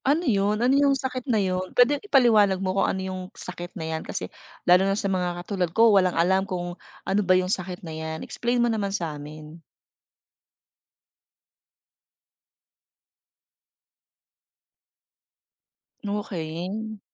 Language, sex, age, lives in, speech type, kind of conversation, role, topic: Filipino, female, 40-44, Philippines, conversation, podcast, host, Paano mo hinihikayat ang sarili mong lumabas sa komportableng kinalalagyan mo?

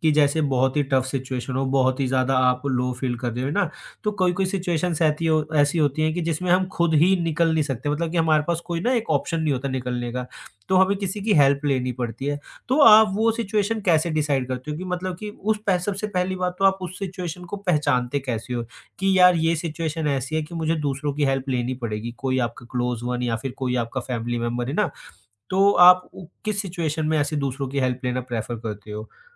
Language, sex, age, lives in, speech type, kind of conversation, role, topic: Hindi, male, 20-24, India, conversation, podcast, host, Koi din bilkul kharaab ja raha ho, toh tum apne aap ko kaise sambhalte ho?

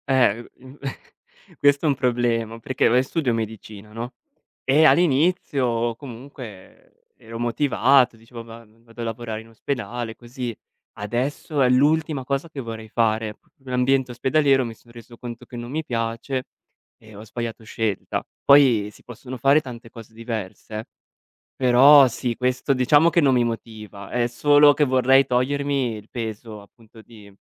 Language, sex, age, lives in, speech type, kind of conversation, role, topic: Italian, male, 25-29, Italy, conversation, advice, user, Come posso mantenere la costanza quando perdo motivazione e i progressi sono lenti?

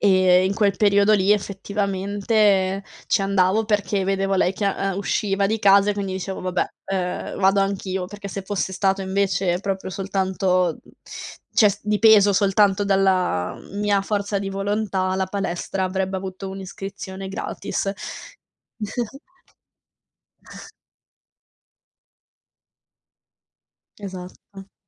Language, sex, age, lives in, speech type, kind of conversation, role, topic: Italian, female, 20-24, Italy, conversation, unstructured, none, Preferisci fare sport da solo o con gli amici, e perché?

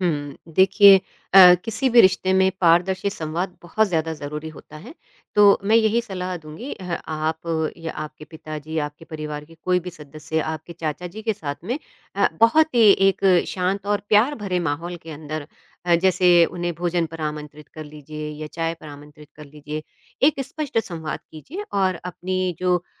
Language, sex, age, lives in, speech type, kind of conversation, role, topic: Hindi, female, 50-54, India, conversation, advice, advisor, शादी या अलगाव के बाद रिश्तों में आए बदलावों से आप कैसे जूझ रहे/रही हैं?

